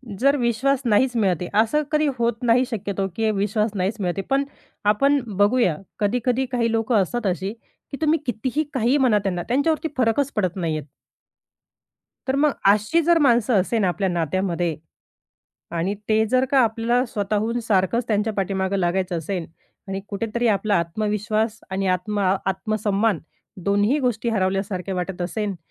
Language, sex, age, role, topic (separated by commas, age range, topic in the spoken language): Marathi, female, 30-34, guest, विश्वास तुटला तर तो पुन्हा कसा मिळवता येईल?